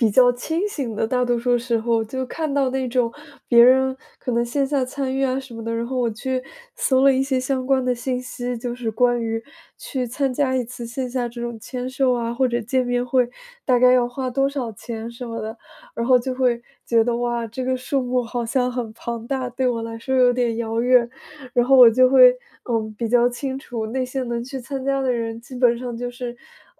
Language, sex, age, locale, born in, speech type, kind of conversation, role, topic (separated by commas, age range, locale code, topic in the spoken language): Chinese, female, 20-24, zh-CN, China, conversation, advice, user, 看到别人总有活动时，我该如何应对社交比较和害怕错过的感觉？